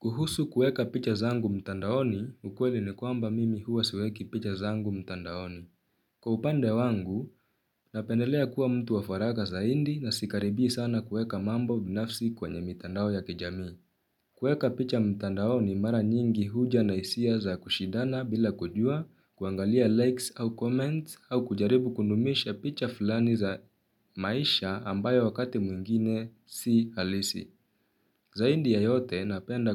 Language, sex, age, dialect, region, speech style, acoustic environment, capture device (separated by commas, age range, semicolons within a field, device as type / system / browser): Swahili, male, 18-24, Kenya - Nairobi Sheng-influenced, Kenya, focused, silence, mobile / Linux / Chrome